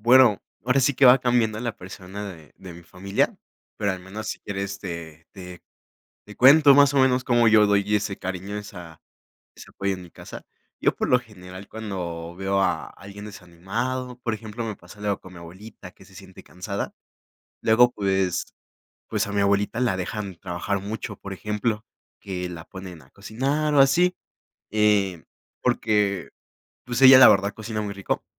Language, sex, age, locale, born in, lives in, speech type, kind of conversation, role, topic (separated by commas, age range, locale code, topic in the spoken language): Spanish, male, 20-24, es-MX, Mexico, Mexico, conversation, podcast, guest, ¿Cómo expresan cariño y apoyo en casa?